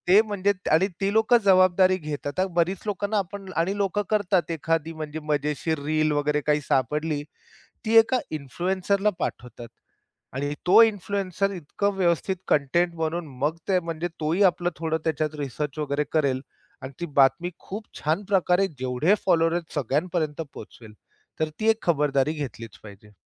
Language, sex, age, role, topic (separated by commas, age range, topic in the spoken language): Marathi, male, 45-49, guest, फेक बातम्या आणि डीपफेक्सचा सामना आपण कसा करायला हवा?